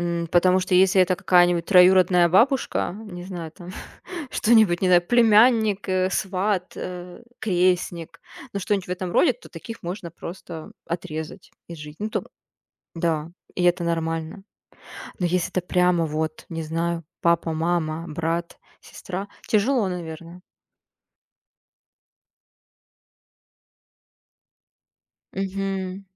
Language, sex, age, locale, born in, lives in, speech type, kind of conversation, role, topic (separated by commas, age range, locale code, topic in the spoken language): Russian, female, 30-34, ru-RU, Russia, Germany, conversation, unstructured, none, Что вас больше всего раздражает в людях?